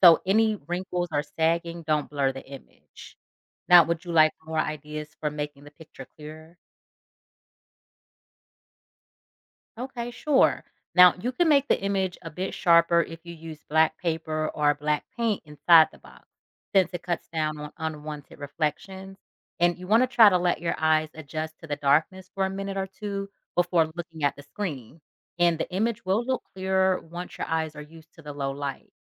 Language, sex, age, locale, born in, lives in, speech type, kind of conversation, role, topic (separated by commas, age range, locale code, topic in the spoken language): English, female, 35-39, en-US, United States, United States, read, expert-assistant, expert, How do you make a pinhole camera with a box?